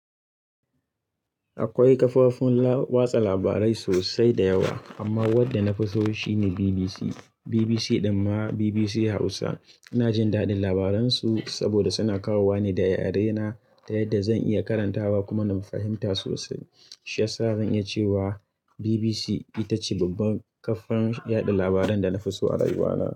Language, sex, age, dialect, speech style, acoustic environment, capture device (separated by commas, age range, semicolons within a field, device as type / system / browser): Hausa, male, 25-34, Nigeria - Kano, focused, people talking; music, mobile / Linux / Chrome